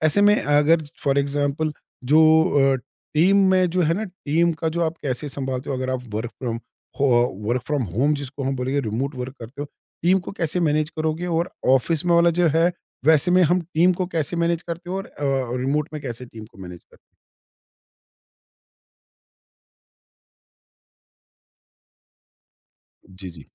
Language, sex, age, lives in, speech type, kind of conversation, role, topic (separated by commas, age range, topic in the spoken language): Hindi, male, 50-54, India, conversation, podcast, host, Remote work aur office work mein aapke nazariye mein kya farak hai?